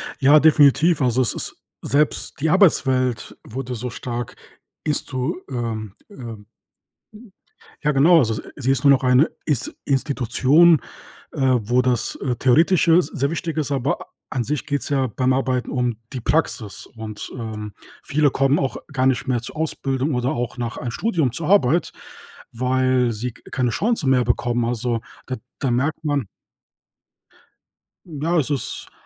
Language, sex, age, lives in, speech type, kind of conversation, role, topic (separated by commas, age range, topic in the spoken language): German, male, 30-34, Germany, conversation, podcast, guest, Was sollte die Schule deiner Meinung nach anders machen?